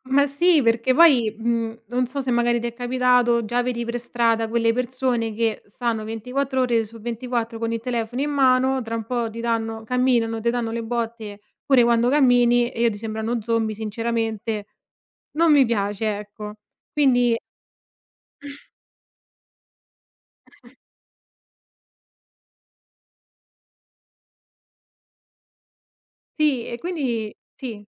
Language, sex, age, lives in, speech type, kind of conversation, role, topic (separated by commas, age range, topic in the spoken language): Italian, female, 25-29, Italy, conversation, podcast, guest, Come ti proteggi dalle distrazioni causate dalle notifiche?